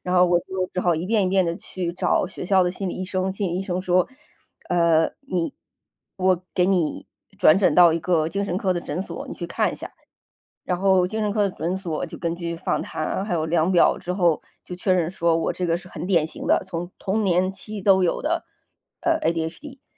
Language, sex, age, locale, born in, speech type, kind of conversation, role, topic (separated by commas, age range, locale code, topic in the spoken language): Chinese, female, 35-39, zh-CN, China, conversation, podcast, guest, 学习受挫后你通常如何调整并重新开始？